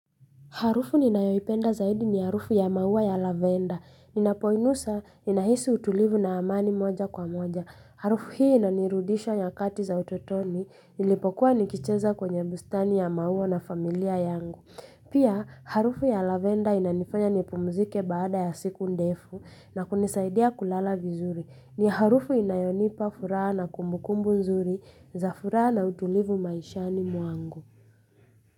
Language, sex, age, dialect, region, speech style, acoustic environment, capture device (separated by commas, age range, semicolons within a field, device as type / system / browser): Swahili, female, 25-34, Kenya - Nairobi Sheng-influenced, Kenya, relaxed, silence; music; appliances, mobile / Linux / Chrome